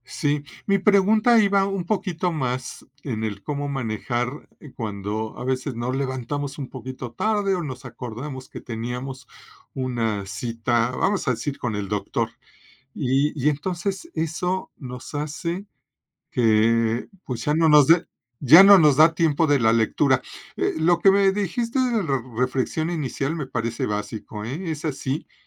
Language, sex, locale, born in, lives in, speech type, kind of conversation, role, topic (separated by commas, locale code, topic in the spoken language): Spanish, male, es-MX, Mexico, Mexico, conversation, podcast, host, ¿Qué hábito matutino te cambia por completo el ánimo?